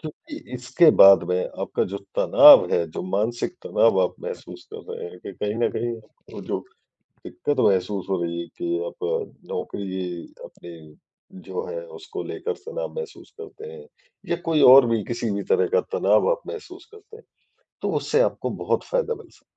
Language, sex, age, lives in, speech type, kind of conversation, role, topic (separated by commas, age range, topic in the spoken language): Hindi, male, 40-44, India, conversation, advice, advisor, गहरी साँस लेने के अभ्यास से मुझे क्या लाभ हो सकते हैं?